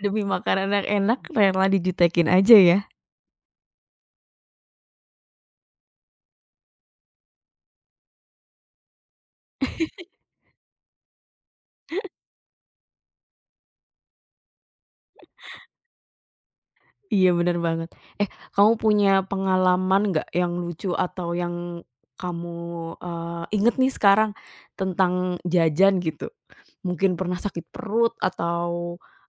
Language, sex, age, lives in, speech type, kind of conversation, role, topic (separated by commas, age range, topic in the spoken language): Indonesian, female, 30-34, Indonesia, conversation, podcast, host, Apa makanan kaki lima Indonesia favoritmu, dan kenapa?